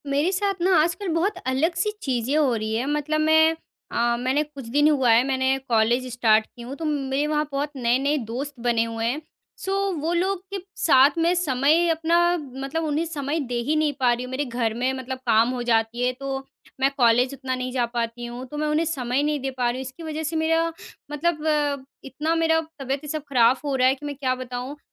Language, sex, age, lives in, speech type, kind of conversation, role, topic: Hindi, female, 20-24, India, conversation, advice, user, मैं बढ़ती ज़िम्मेदारियों के बीच नए दोस्तों के साथ अपना समय और ऊर्जा कैसे संतुलित करूँ?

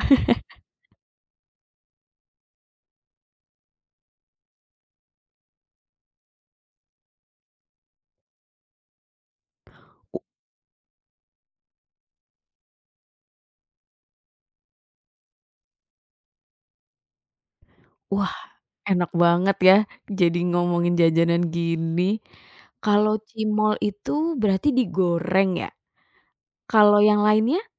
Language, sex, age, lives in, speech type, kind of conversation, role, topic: Indonesian, female, 30-34, Indonesia, conversation, podcast, host, Apa makanan kaki lima Indonesia favoritmu, dan kenapa?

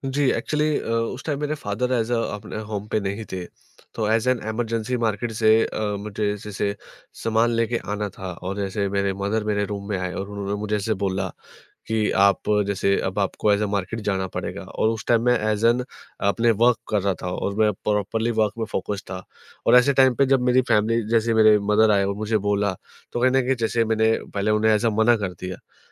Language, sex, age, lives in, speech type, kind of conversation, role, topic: Hindi, male, 20-24, India, conversation, podcast, guest, Work-from-home days mein ghar kaise manage karte ho?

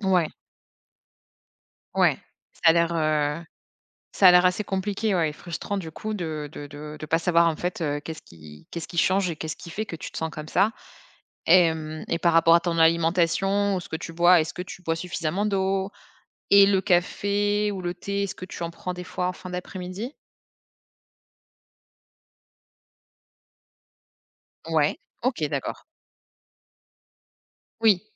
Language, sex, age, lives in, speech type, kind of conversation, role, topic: French, female, 30-34, France, conversation, advice, advisor, Pourquoi suis-je épuisé(e) malgré une routine d’exercice régulière ?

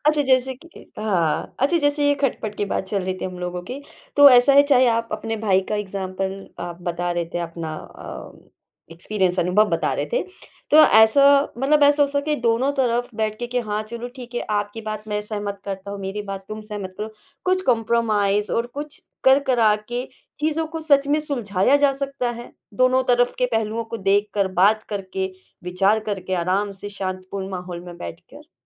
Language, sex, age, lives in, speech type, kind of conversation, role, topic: Hindi, female, 35-39, India, conversation, podcast, host, बड़ी और छोटी पीढ़ियों के बीच होने वाली खटपट को कैसे सुलझाया जा सकता है?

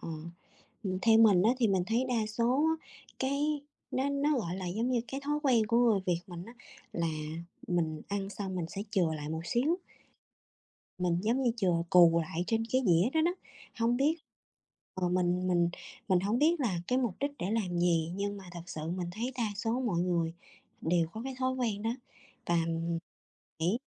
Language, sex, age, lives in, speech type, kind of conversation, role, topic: Vietnamese, female, 30-34, Vietnam, conversation, unstructured, none, Bạn có cho rằng lãng phí thức ăn là một vấn đề nghiêm trọng không?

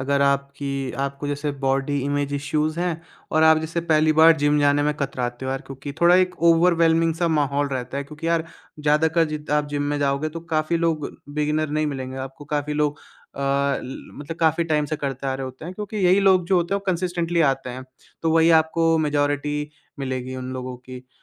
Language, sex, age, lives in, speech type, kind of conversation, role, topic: Hindi, male, 20-24, India, conversation, podcast, guest, Sustainable exercise routine shuru karne ka sabse aasan aur practical tareeka kya hai?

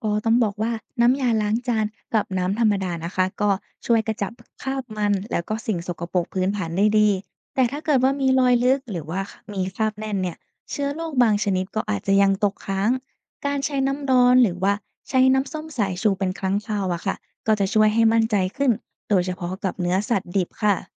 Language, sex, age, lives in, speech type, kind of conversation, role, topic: Thai, female, 20-24, Thailand, read, expert-assistant, expert, พื้นฐานสำคัญของการเลือกและเก็บรักษาอาหารให้ปลอดภัยมีอะไรบ้าง และจะนำไปใช้จริงในบ้านได้อย่างไร?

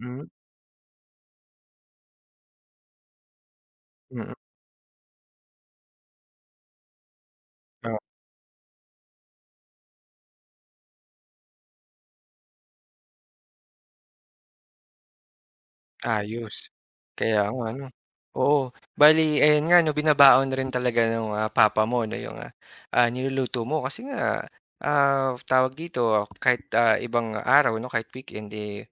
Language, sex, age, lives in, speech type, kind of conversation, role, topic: Filipino, male, 30-34, Philippines, conversation, podcast, host, Ano ang gawain mo tuwing umaga na talagang nakakatulong para maging maganda ang takbo ng araw mo?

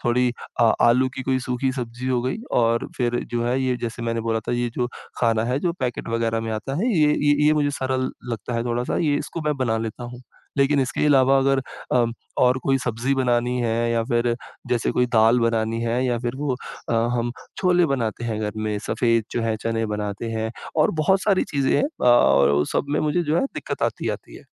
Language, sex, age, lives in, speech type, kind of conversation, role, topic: Hindi, male, 25-29, India, conversation, advice, user, खाना पकाने में आत्मविश्वास की कमी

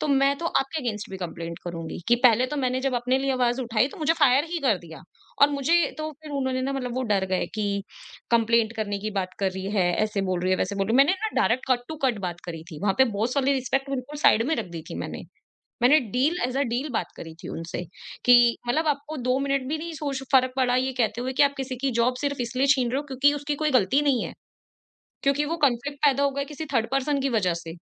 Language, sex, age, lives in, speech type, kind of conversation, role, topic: Hindi, female, 25-29, India, conversation, podcast, guest, Agar boss ya senior boundaries cross kare, toh tum kya steps lete ho?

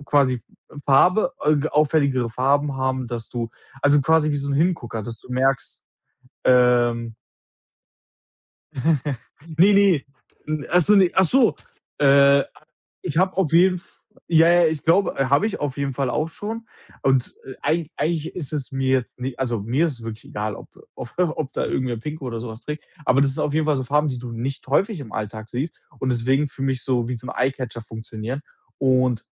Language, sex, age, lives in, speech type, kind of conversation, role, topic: German, male, 25-29, Germany, conversation, advice, user, Wie kann ich meinen Kleidungsstil zeigen, ohne Angst vor Bewertungen zu haben?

